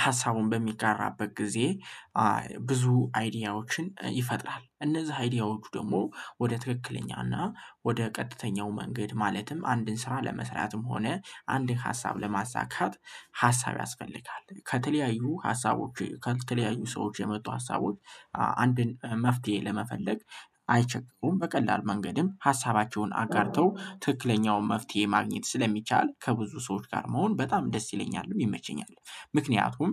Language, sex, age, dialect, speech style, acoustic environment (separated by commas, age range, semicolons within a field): Amharic, male, 18-24, Ethiopia - Gojjam, happy, people talking; nature; traffic